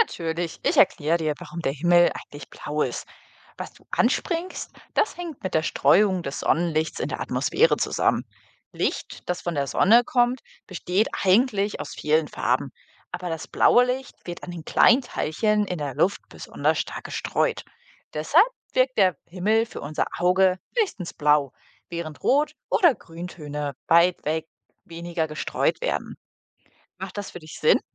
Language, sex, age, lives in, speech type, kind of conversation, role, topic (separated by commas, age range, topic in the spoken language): German, female, 25-29, Germany, read, expert-assistant, expert, Warum ist der Himmel blau?